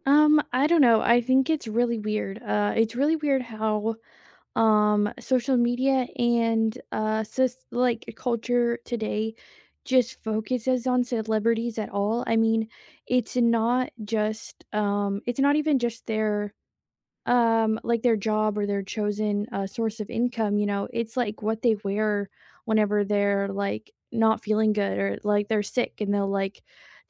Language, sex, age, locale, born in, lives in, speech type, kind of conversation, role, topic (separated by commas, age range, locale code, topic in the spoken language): English, female, 20-24, en-US, United States, United States, conversation, unstructured, none, What is your opinion on trolling celebrities on social media?